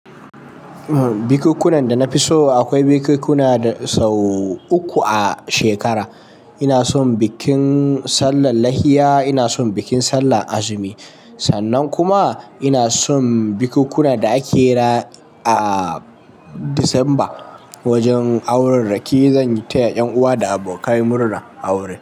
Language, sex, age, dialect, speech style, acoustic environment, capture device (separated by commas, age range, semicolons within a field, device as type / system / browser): Hausa, male, 18-24, Nigeria - Kano, nervous; excited; focused; stressed; anxious; tired; relaxed; happy, people talking; traffic; construction; music; nature; silence; appliances, mobile / Linux / Chrome